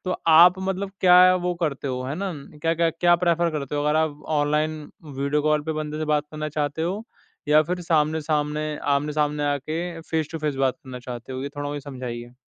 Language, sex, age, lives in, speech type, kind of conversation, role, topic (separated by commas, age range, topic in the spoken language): Hindi, male, 20-24, India, conversation, podcast, host, Video calls mein body language face-to-face ke muqable kaise badalti hai?